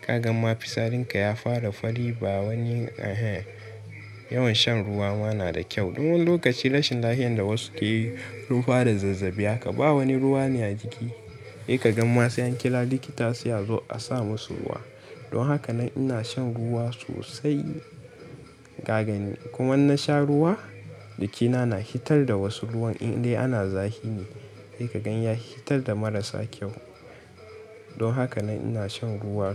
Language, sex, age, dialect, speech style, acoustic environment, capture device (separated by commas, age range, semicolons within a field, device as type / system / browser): Hausa, male, 18-24, Nigeria - Katsina, stressed, people talking, mobile / Linux / Chrome